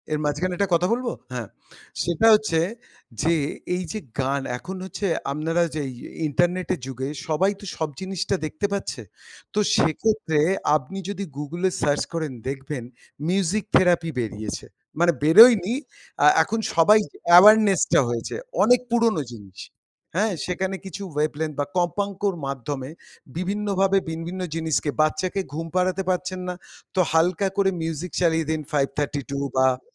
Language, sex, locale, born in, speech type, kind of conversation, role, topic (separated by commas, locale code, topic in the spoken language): Bengali, male, bn-IN, India, conversation, podcast, guest, পুরনো গান শুনলে আপনার মেজাজ কীভাবে বদলে যায়?